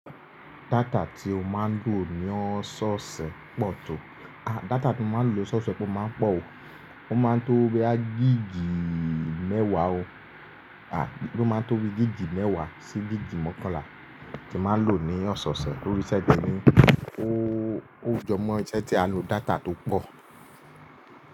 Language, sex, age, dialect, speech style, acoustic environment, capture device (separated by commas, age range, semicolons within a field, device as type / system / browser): Yoruba, male, 25-34, Nigeria - Lagos Yoruba, relaxed, silence, mobile / Linux / Chrome